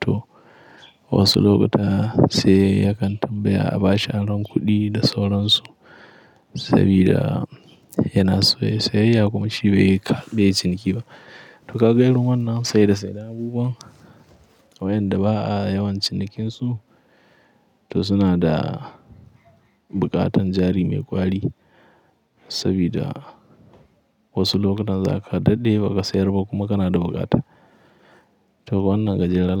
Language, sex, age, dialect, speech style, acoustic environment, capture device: Hausa, male, 18-24, Nigeria - Katsina, happy; anxious; tired; relaxed; nervous; excited; focused; stressed, people talking; music; nature; silence; traffic; construction; appliances, mobile / Linux / Chrome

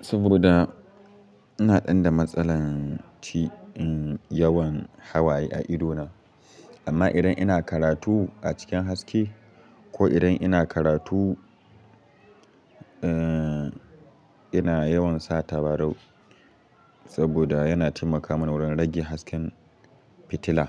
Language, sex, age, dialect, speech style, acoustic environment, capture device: Hausa, male, 18-24, Nigeria - Katsina, happy, silence, mobile / Linux / Chrome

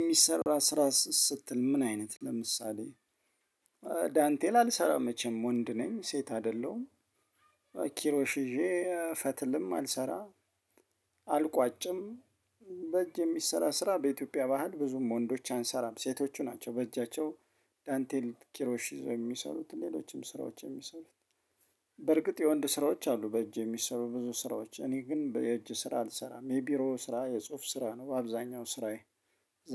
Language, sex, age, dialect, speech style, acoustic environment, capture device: Amharic, male, 35-44, Ethiopia - Addis Ababa, excited, silence, mobile / Linux / Chrome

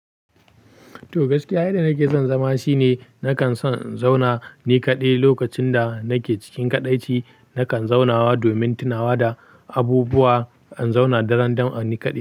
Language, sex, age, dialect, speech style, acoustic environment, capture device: Hausa, male, 18-24, Nigeria - Pidgin-influenced, happy, silence, mobile / Linux / Chrome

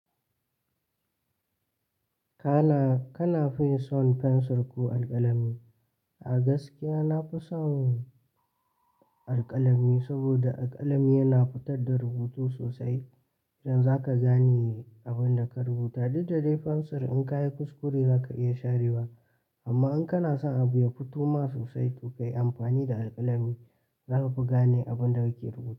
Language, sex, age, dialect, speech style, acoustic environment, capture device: Hausa, male, 18-24, Nigeria - Katsina, focused, silence, mobile / Linux / Chrome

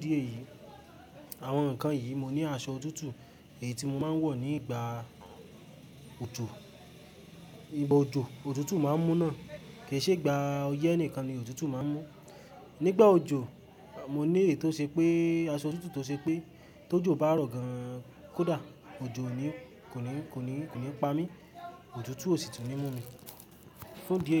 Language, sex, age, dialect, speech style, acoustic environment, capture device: Yoruba, male, 18-24, Nigeria - Ibadan, happy; excited, music; nature, mobile / Linux / Chrome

